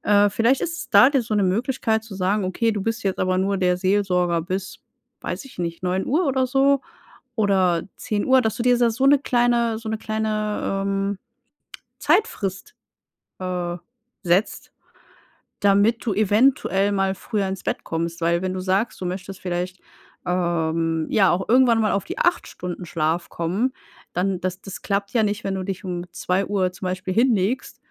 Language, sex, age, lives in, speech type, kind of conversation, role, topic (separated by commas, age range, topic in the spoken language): German, female, 35-39, Germany, conversation, advice, advisor, Wie kann ich jeden Tag zur gleichen Zeit schlafen gehen?